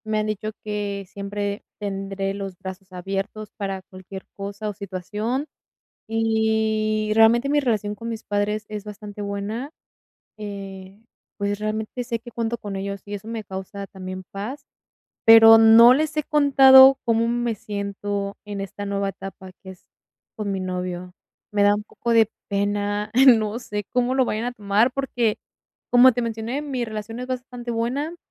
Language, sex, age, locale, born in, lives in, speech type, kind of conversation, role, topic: Spanish, female, 20-24, es-MX, Mexico, Mexico, conversation, advice, user, ¿Cómo puedo sentirme en casa aunque viva lejos?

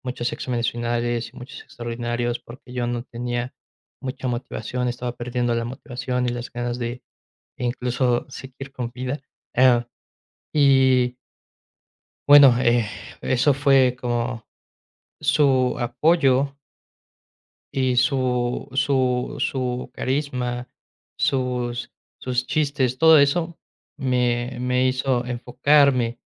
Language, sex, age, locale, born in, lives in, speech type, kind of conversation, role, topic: Spanish, male, 20-24, es-MX, Mexico, Mexico, conversation, podcast, guest, ¿Qué maestro te marcó y por qué?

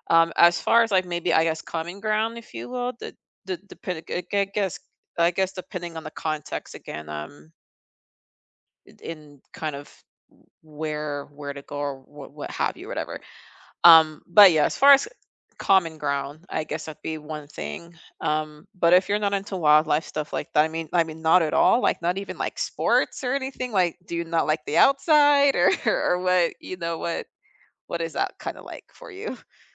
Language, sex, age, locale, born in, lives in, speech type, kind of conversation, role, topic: English, female, 30-34, en-US, United States, United States, conversation, unstructured, none, What is a travel memory you often revisit in your mind?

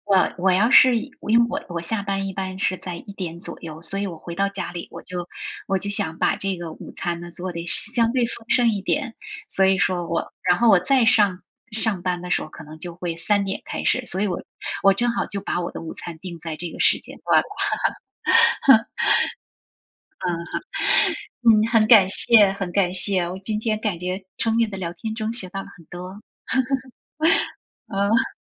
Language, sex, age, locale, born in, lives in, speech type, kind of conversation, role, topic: Chinese, female, 45-49, zh-TW, China, United States, conversation, unstructured, none, 你最喜欢的早餐是什么？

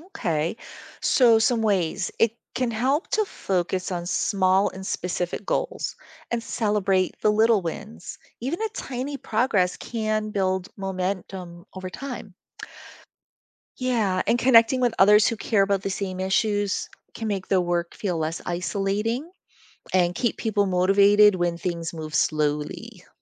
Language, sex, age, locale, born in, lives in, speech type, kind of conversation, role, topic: English, female, 50-54, en-US, United States, United States, read, expert-assistant, expert, How are laws created and enforced?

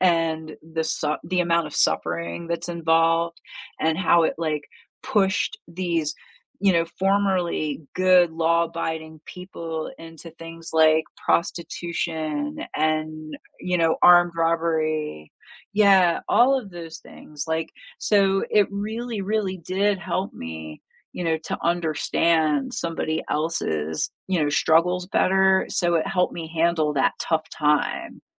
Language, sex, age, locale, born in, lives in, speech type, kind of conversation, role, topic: English, female, 45-49, en-US, United States, United States, conversation, unstructured, none, How do sad moments in stories teach us about real life?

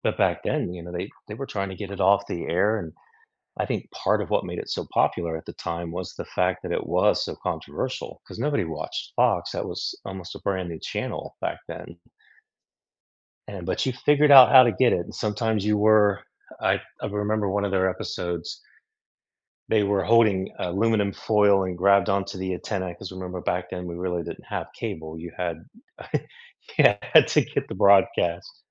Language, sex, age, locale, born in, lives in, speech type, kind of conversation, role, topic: English, male, 55-59, en-US, United States, United States, conversation, unstructured, none, Which guilty-pleasure TV shows do you secretly love, and why are they so comforting?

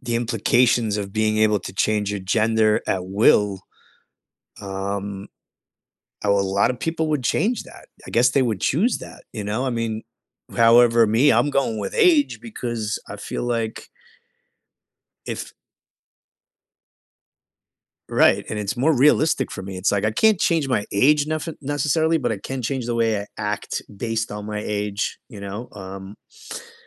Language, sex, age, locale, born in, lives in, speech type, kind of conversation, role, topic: English, male, 45-49, en-US, United States, United States, conversation, unstructured, none, Would you rather be able to change your age at will or change your gender at will?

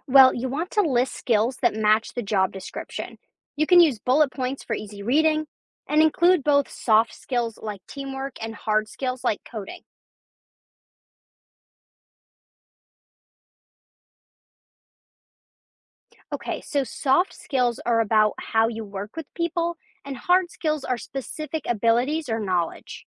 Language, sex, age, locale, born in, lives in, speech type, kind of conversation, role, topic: English, female, 20-24, en-US, United States, United States, read, expert-assistant, expert, Explain how to create a compelling resume.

